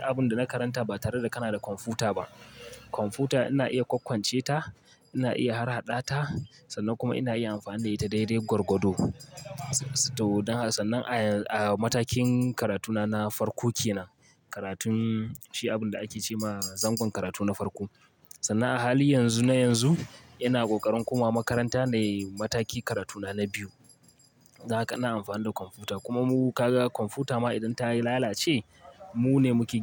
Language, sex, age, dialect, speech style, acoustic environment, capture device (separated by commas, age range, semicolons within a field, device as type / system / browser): Hausa, male, 25-34, Nigeria - Kano, focused, traffic; people talking, mobile / Linux / Chrome